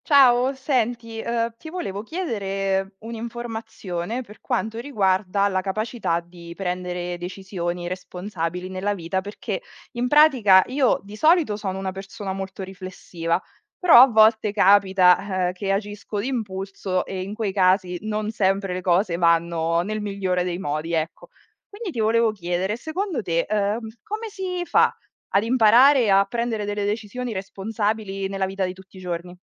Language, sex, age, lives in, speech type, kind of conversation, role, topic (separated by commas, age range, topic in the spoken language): Italian, female, 35-39, Italy, read, expert-assistant, asker, Come si può imparare a prendere decisioni responsabili?